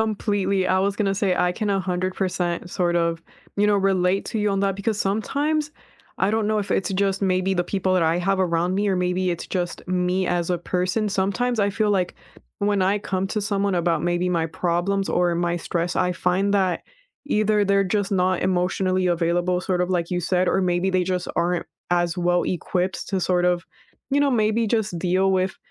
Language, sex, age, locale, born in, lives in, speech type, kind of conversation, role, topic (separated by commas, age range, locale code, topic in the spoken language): English, female, 20-24, en-US, United States, United States, conversation, unstructured, none, How do you manage stress when life gets unexpectedly busy?